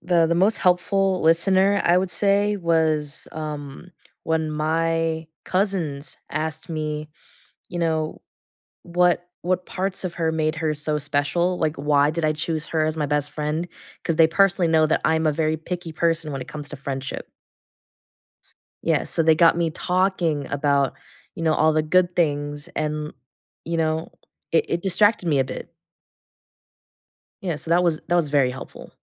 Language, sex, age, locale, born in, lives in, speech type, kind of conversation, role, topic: English, female, 20-24, en-US, United States, United States, conversation, podcast, guest, What are thoughtful ways to be there for a friend who is grieving?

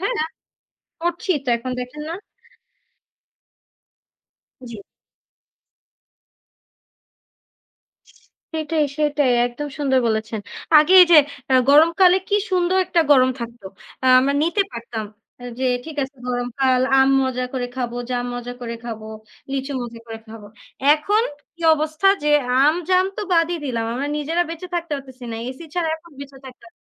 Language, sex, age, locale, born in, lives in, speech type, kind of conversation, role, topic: Bengali, female, 20-24, bn-BD, Bangladesh, Bangladesh, conversation, unstructured, none, প্রকৃতির সুরক্ষা কেন আমাদের জন্য জরুরি?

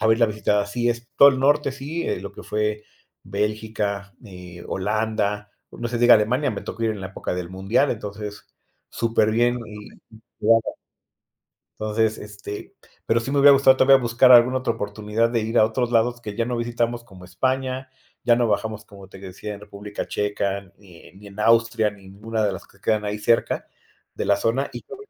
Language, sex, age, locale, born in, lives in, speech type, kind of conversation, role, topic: Spanish, male, 45-49, es-MX, Mexico, Mexico, conversation, podcast, guest, ¿Qué viaje te marcó de por vida y por qué?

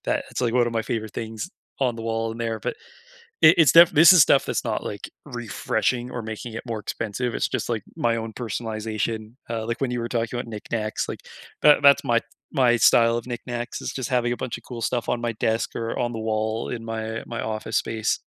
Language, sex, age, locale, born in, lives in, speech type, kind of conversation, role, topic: English, male, 25-29, en-CA, Canada, Canada, conversation, unstructured, none, How do you refresh a tired room on a budget?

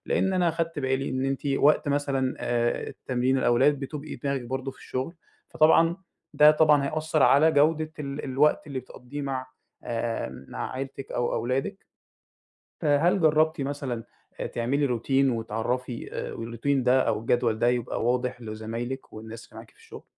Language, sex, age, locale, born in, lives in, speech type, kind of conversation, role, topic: Arabic, male, 20-24, ar-EG, Egypt, Egypt, conversation, advice, advisor, إزاي أحط حدود صحية بين الشغل وحياتي الشخصية؟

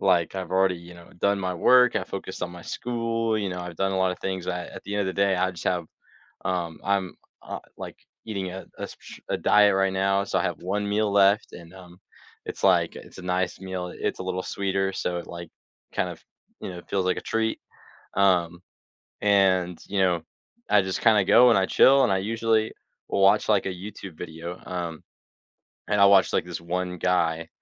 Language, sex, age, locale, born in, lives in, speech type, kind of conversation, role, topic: English, male, 18-19, en-US, United States, United States, conversation, unstructured, none, What small daily traditions shape your day and deepen your connections with family, friends, or yourself?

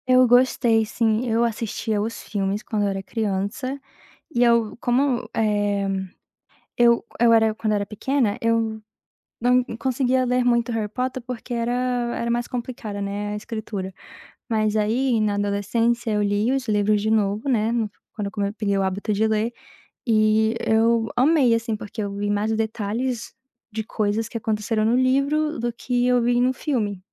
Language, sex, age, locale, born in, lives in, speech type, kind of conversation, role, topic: Portuguese, female, 20-24, pt-BR, Brazil, United States, conversation, podcast, guest, Como foi retomar um hobby depois de ficar anos sem praticar?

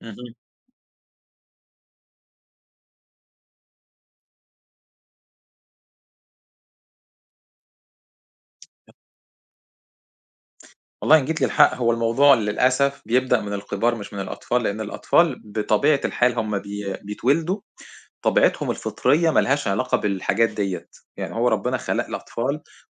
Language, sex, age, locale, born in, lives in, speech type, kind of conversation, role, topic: Arabic, male, 40-44, ar-EG, Egypt, Egypt, conversation, unstructured, none, هل المفروض الشركات اللي بتروّج للأكل الضار تتعاقب؟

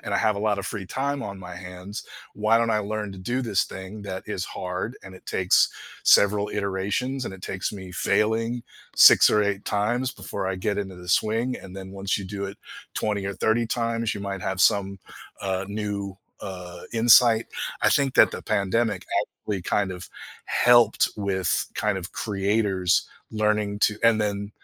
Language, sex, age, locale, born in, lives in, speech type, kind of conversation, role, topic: English, male, 50-54, en-US, United States, United States, conversation, podcast, guest, What habits or mindsets help you stay creative when you feel stuck?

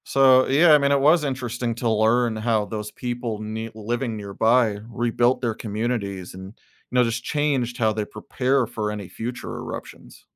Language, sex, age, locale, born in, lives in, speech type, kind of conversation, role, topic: English, male, 35-39, en-US, United States, United States, read, expert-assistant, asker, What causes mountains to form over time?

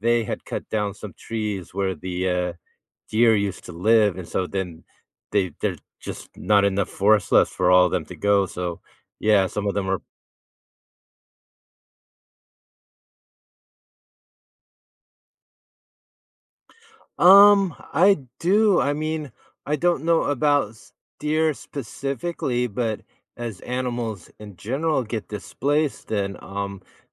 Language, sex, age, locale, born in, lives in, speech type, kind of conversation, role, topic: English, male, 50-54, en-US, United States, United States, conversation, podcast, guest, Why are healthy forests essential for the well-being of our planet?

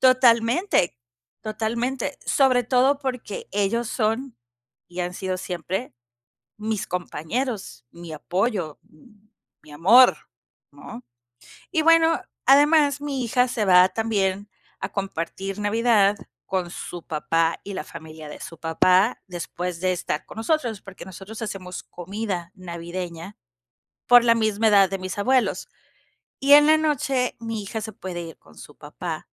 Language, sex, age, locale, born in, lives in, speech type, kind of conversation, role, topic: Spanish, female, 45-49, es-MX, Mexico, Mexico, conversation, advice, user, ¿Qué puedo hacer si estoy dudando de mi propia resiliencia ante cambios importantes en mi vida?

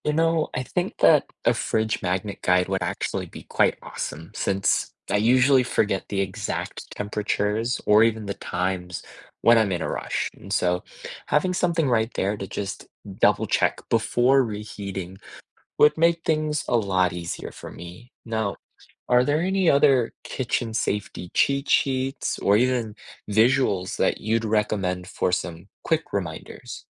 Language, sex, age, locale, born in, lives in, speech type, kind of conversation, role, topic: English, male, 25-29, en-US, United States, United States, read, expert-assistant, asker, Where can I find reliable food safety resources?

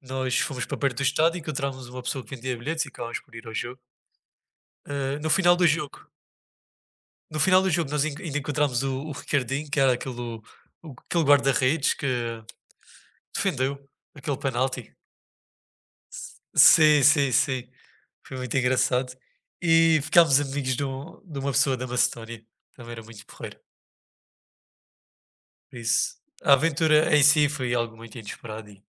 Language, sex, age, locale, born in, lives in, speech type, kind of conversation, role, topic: Portuguese, male, 20-24, pt-PT, Portugal, Portugal, conversation, unstructured, none, Você já viveu alguma aventura inesperada durante uma viagem?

